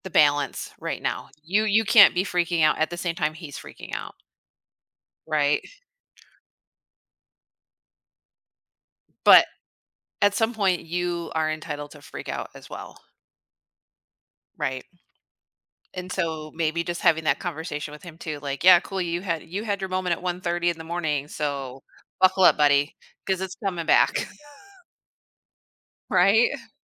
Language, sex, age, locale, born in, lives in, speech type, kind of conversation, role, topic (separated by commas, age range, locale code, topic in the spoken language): English, female, 40-44, en-US, United States, United States, conversation, advice, advisor, How can I manage anxiety about starting high school?